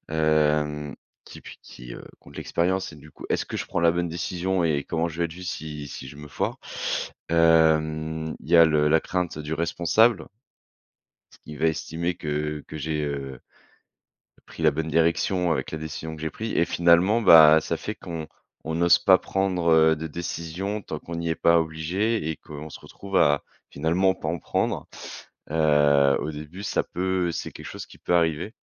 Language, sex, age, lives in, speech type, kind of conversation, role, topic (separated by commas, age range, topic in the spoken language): French, male, 30-34, France, conversation, podcast, guest, Que recommanderais-tu pour lutter contre la paralysie décisionnelle ?